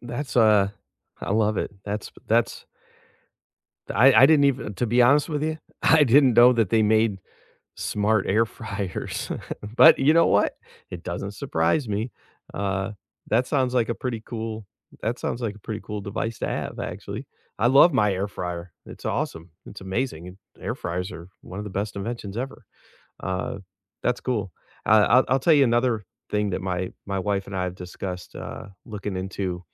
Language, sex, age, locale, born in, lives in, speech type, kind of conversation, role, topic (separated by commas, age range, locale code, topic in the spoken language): English, male, 50-54, en-US, United States, United States, conversation, unstructured, none, Which home smart devices have surprised you by being actually useful?